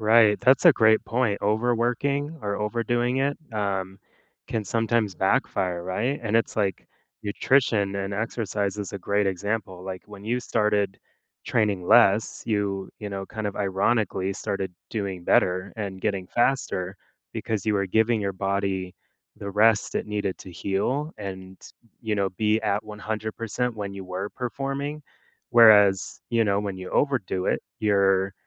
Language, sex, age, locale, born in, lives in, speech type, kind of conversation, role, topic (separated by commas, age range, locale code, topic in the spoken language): English, male, 30-34, en-US, United States, United States, conversation, podcast, host, What helps you keep going when progress is slower than you hoped?